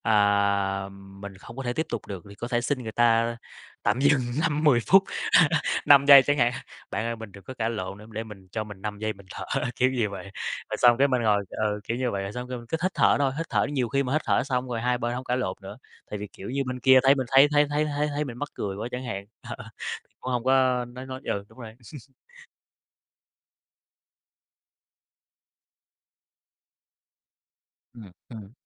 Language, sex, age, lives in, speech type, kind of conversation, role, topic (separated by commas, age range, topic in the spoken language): Vietnamese, male, 30-34, Vietnam, conversation, podcast, guest, Bạn dùng kỹ thuật thở như thế nào để bình tĩnh hơn?